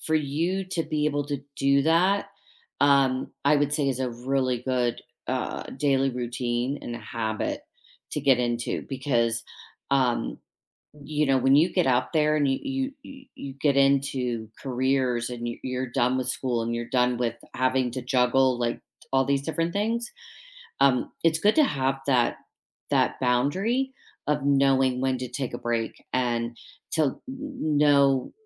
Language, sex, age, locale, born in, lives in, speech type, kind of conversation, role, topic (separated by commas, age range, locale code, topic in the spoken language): English, female, 50-54, en-US, United States, United States, conversation, unstructured, none, Which tiny daily routine has noticeably improved your day, and what’s the story behind it?